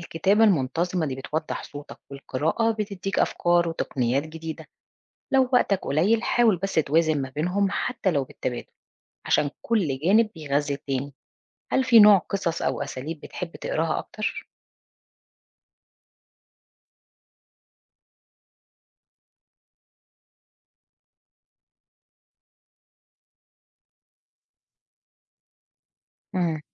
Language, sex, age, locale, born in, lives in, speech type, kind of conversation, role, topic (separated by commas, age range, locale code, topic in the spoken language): Arabic, female, 40-44, ar-EG, Egypt, Portugal, read, expert-assistant, expert, لو عايز أكتب قصة قصيرة، إيه أهم حاجة أركز عليها الأول: الفكرة ولا الشخصيات ولا الأسلوب؟